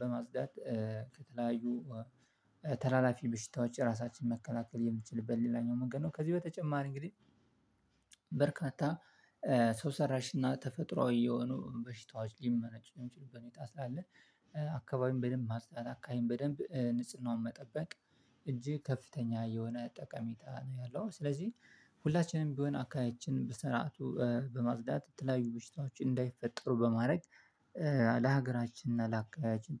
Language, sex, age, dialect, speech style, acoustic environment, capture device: Amharic, male, 25-34, Ethiopia - Addis Ababa, relaxed, silence, mobile / Linux / Chrome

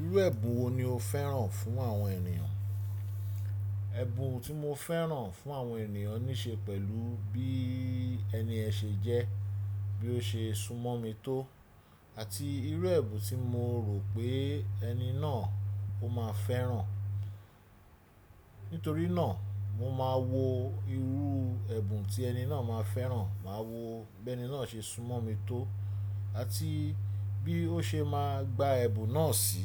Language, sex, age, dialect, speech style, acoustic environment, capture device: Yoruba, male, 35-44, Nigeria - Lagos Yoruba, relaxed, silence, desktop / Windows / Chrome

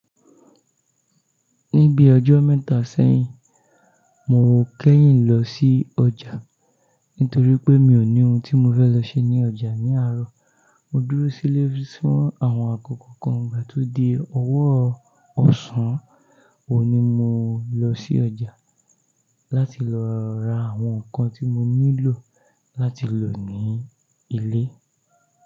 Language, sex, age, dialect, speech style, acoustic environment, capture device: Yoruba, male, 25-34, Nigeria - Ijebu, focused, silence, mobile / Linux / Chrome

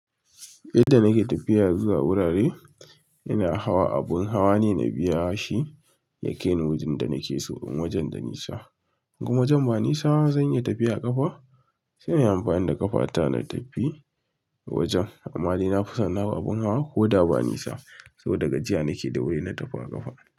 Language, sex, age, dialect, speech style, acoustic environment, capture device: Hausa, male, 25-34, Nigeria - Kano, relaxed; focused, silence, mobile / Linux / Chrome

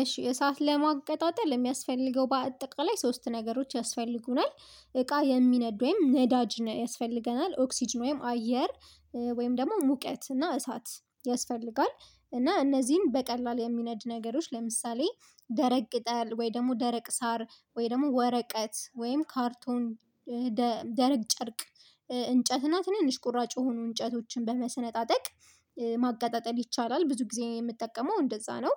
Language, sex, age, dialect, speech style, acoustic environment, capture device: Amharic, female, 25-34, Ethiopia - Addis Ababa, focused, nature, mobile / Linux / Chrome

